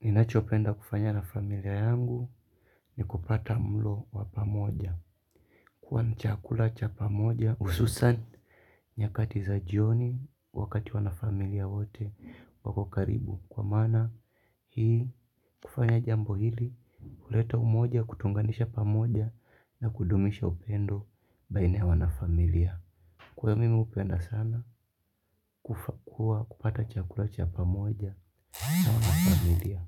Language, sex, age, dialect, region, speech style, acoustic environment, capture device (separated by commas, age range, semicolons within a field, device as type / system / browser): Swahili, male, 25-34, Kenya - Mombasa, Kenya, relaxed, silence, mobile / Linux / Chrome